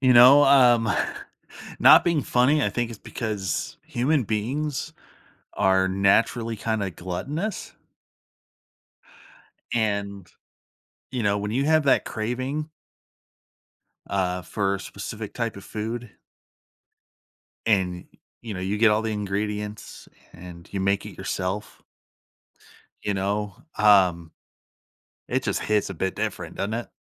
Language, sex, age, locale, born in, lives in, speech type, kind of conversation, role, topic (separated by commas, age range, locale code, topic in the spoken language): English, male, 30-34, en-US, United States, United States, conversation, podcast, guest, Why do people enjoy cooking in their free time?